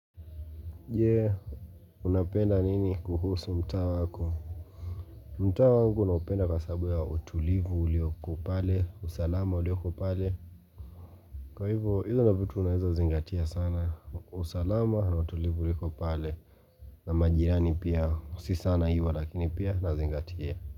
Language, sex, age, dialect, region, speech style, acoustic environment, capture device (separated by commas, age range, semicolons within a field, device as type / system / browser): Swahili, male, 25-34, Kenya - Mombasa, Kenya, relaxed, silence, mobile / Linux / Chrome